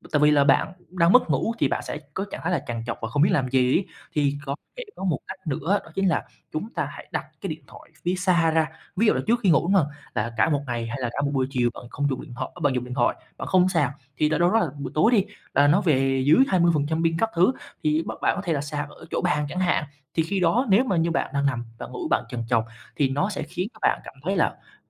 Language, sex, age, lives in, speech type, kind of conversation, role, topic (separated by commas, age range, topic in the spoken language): Vietnamese, male, 20-24, Vietnam, conversation, advice, advisor, Bạn thường dùng điện thoại bao lâu trước khi ngủ và điều đó có khiến bạn khó ngủ ngon không?